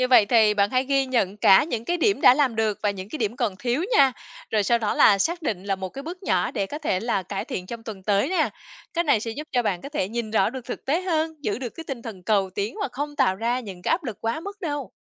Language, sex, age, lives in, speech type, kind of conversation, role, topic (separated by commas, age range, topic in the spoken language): Vietnamese, female, 35-39, Vietnam, read, expert-assistant, expert, Đặt mục tiêu nhỏ từng bước hay đặt mục tiêu lớn ngay từ đầu – cách nào giúp bạn dễ thành công hơn?